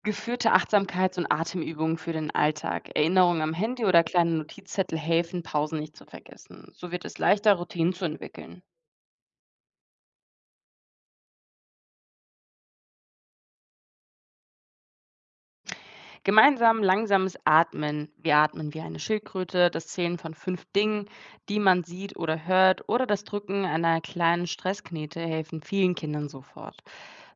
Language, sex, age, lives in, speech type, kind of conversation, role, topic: German, female, 20-24, Germany, read, expert-assistant, expert, Wie kann ich lernen, in Notfällen den Überblick zu behalten?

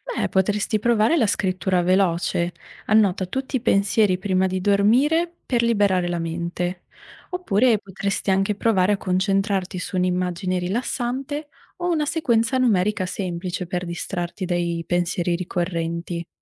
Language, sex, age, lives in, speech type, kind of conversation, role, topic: Italian, female, 25-29, Italy, read, expert-assistant, expert, Quali sono i segnali fisici che il mio corpo mi manda quando sto attraversando un periodo difficile, e come posso imparare a riconoscerli?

